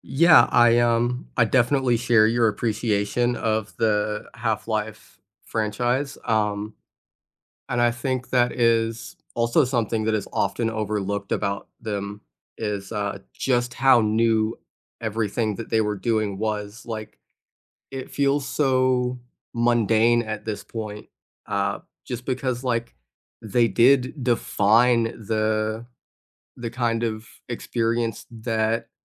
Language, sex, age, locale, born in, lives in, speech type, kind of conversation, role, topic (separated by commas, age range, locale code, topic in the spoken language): English, other, 20-24, en-US, United States, United States, conversation, unstructured, none, What would make your long-term goals feel more fun and doable in everyday life?